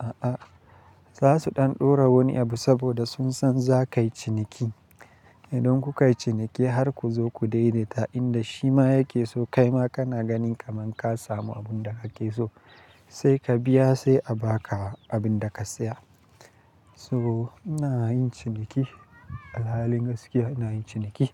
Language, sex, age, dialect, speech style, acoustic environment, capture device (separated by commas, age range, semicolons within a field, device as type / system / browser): Hausa, male, 25-34, Nigeria - Kano, happy, silence, mobile / Linux / Chrome